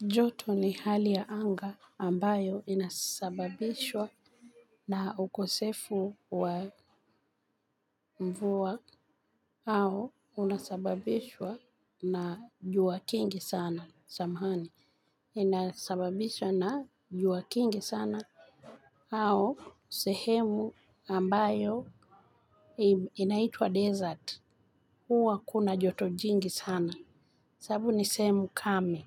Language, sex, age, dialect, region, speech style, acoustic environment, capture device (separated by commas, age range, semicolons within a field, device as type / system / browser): Swahili, female, 45-54, Kenya - Nairobi Sheng-influenced, Kenya, focused, people talking, mobile / Linux / Chrome